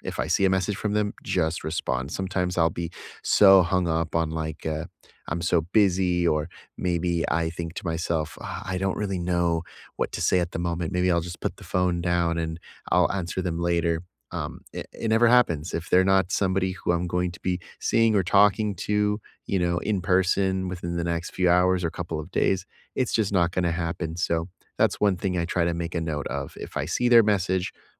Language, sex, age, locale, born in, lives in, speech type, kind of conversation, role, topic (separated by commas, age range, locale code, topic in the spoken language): English, male, 30-34, en-US, United States, United States, conversation, unstructured, none, Which small rituals help you feel close across distance, and how do they nurture connection?